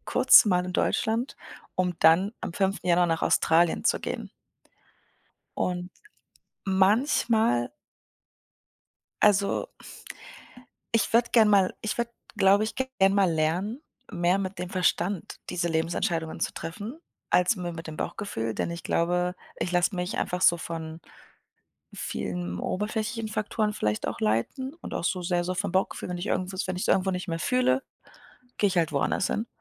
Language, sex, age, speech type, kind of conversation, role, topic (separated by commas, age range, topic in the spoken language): German, female, 25-29, conversation, advice, user, Wie finde ich Klarheit bei einer wichtigen Lebensentscheidung?